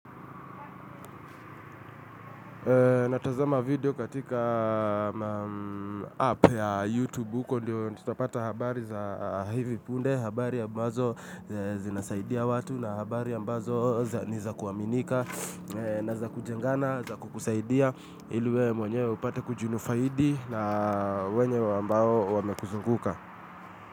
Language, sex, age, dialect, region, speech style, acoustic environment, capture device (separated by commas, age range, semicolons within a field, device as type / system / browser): Swahili, male, 25-34, Kenya - Nairobi Sheng-influenced, Kenya, relaxed, traffic, mobile / Linux / Chrome